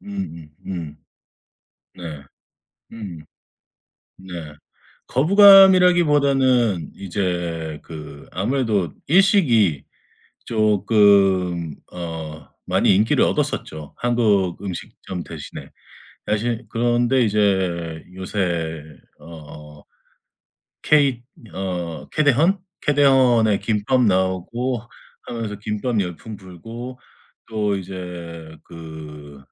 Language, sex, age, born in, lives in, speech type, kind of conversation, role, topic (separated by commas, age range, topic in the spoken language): Korean, male, 45-49, South Korea, United States, conversation, podcast, guest, K-팝의 세계적 영향에 대해 어떻게 느끼시나요?